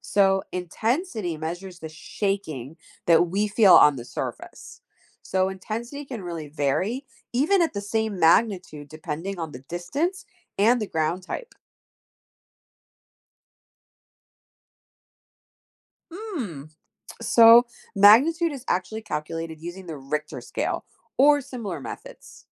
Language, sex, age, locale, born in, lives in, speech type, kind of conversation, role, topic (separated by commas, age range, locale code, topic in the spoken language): English, female, 40-44, en-US, United States, United States, read, expert-assistant, expert, How do earthquakes occur and how can we measure them?